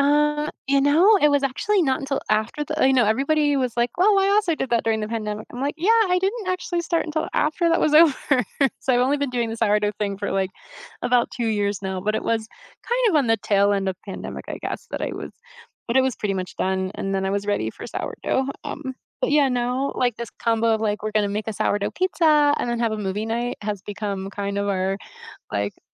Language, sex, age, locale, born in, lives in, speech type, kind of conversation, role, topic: English, female, 50-54, en-US, United States, United States, conversation, unstructured, none, What are your must-have movie snacks, and what memories or rituals make them special?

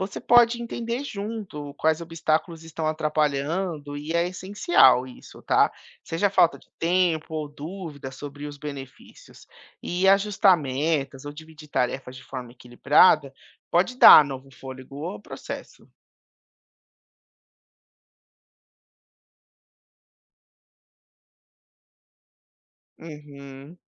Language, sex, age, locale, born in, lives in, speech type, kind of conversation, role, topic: Portuguese, male, 30-34, pt-BR, Brazil, United States, read, expert-assistant, expert, Vale a pena investir em novas ideias para organizar os hospitais? O que pode melhorar e o que pode dar errado?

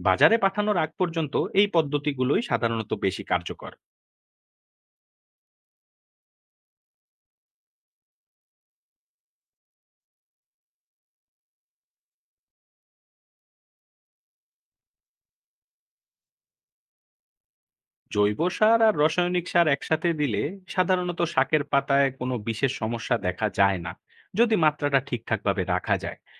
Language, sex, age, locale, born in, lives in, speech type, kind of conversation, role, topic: Bengali, male, 20-24, bn-BD, Bangladesh, Bangladesh, read, expert-assistant, expert, জৈব সার ও রাসায়নিক সার মিশিয়ে শাক-সবজি চাষে কোনটা বেশি উপকারী?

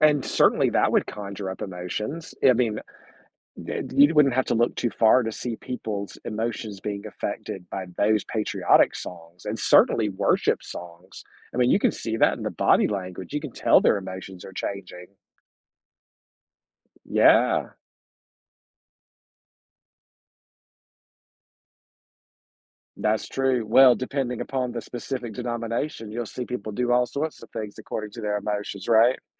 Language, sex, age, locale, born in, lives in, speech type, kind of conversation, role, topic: English, male, 45-49, en-US, United States, United States, conversation, podcast, guest, Why does music have such a powerful effect on our feelings and moods?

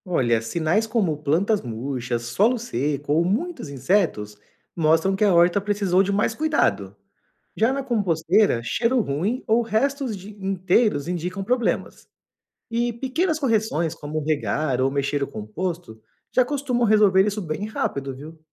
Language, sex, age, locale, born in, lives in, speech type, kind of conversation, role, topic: Portuguese, male, 35-39, pt-BR, Brazil, Portugal, read, expert-assistant, expert, Como lidar com a falta de tempo no dia a dia para cultivar alimentos de maneira mais sustentável?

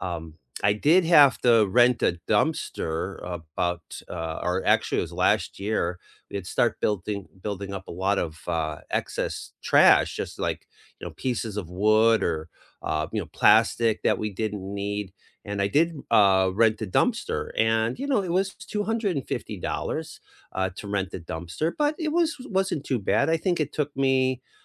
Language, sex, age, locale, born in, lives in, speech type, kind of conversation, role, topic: English, male, 55-59, en-US, United States, United States, conversation, unstructured, none, Does owning fewer possessions reduce stress or limit convenience?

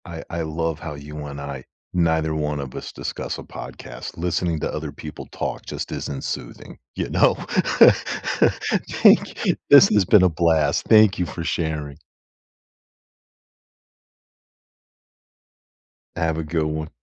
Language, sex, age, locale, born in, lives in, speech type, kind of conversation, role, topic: English, male, 55-59, en-US, United States, United States, conversation, unstructured, none, What calms you the fastest: music, podcasts, or silence?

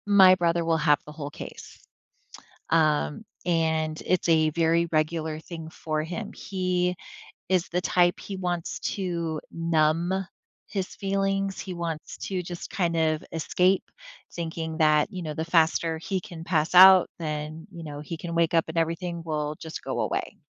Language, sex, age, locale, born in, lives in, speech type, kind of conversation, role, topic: English, female, 40-44, en-US, United States, United States, conversation, advice, user, How do I talk to a family member who let me down and rebuild trust?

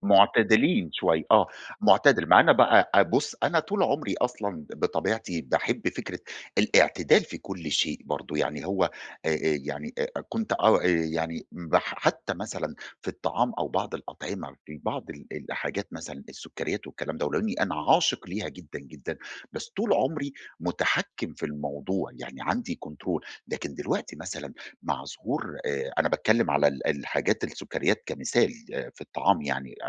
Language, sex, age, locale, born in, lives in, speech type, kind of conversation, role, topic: Arabic, male, 40-44, ar-EG, Egypt, Egypt, conversation, advice, user, إزاي أتعلم أتعامل بوعي مع الأكل واستهلاك المحتوى الإعلامي من غير ما أحس بالذنب؟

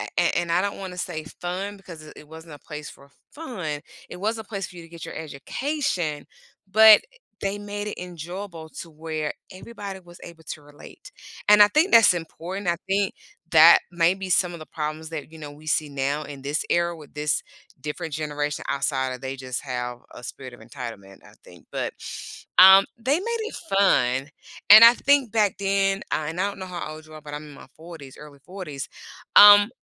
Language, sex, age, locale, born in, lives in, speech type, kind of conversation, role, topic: English, female, 45-49, en-US, United States, United States, conversation, podcast, guest, How did your experiences in school shape your interests and passions later in life?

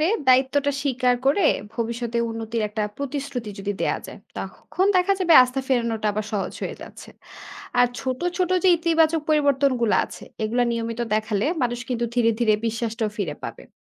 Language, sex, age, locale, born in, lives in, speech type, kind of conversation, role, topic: Bengali, female, 25-29, bn-BD, Bangladesh, Bangladesh, read, expert-assistant, expert, কিভাবে প্রতিষ্ঠানে আস্থা এবং খোলামেলা পরিবেশ গড়ে তোলা যায়?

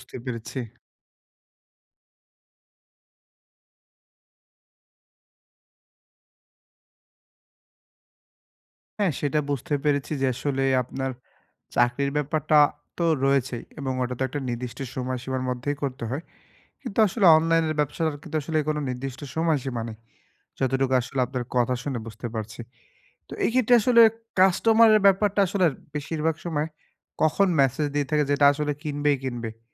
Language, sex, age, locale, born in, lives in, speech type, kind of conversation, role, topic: Bengali, male, 25-29, bn-BD, Bangladesh, Bangladesh, conversation, advice, advisor, আমি বাড়িতে শান্তিতে আরাম করে বিশ্রাম নিতে পারছি না কেন?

